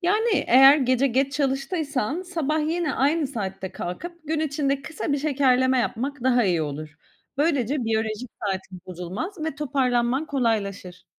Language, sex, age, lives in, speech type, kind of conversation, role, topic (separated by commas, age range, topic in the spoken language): Turkish, female, 35-39, Greece, read, expert-assistant, expert, Günlük yaşamda stres, uyku ve beslenme arasında zihinsel sağlık açısından hangisine öncelik vermek gerekir?